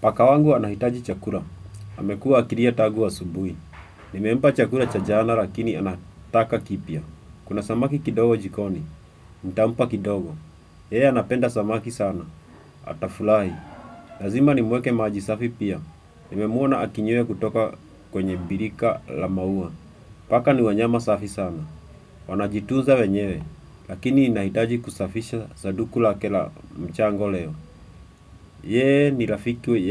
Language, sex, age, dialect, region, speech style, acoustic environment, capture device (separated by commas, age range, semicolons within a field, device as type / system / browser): Swahili, male, 25-34, Kenya - Nairobi Sheng-influenced, Kenya, relaxed, nature, desktop / Windows / Chrome